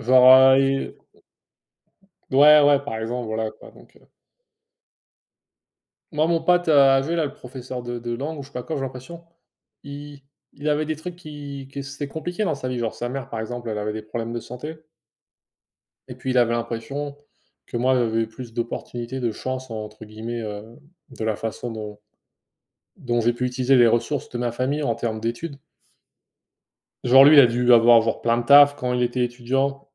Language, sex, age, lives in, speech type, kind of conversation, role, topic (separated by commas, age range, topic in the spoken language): French, male, 25-29, United States, conversation, unstructured, none, Qu’est-ce qui rend une amitié spéciale selon toi ?